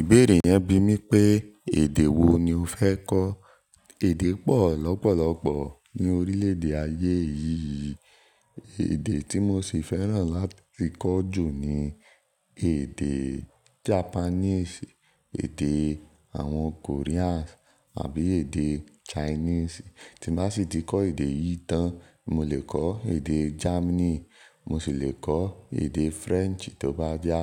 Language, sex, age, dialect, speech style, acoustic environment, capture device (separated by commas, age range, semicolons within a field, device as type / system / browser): Yoruba, male, 18-24, Nigeria - Nigerian Standard, relaxed; focused, nature, mobile / Linux / Chrome